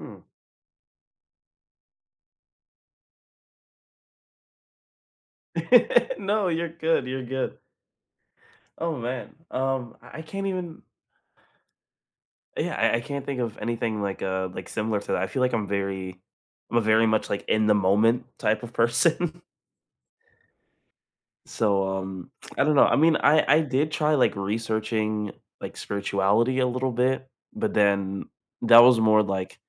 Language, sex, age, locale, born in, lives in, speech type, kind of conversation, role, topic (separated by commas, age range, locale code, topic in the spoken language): English, other, 20-24, en-US, United States, United States, conversation, unstructured, none, What is a meaningful gift you have given or received recently?